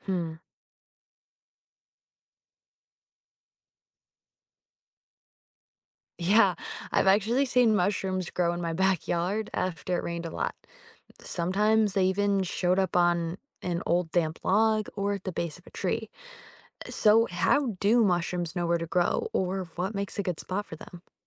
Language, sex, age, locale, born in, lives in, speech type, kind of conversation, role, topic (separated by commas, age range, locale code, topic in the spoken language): English, female, 25-29, en-US, United States, United States, read, expert-assistant, asker, How do mushrooms grow and what conditions do they need?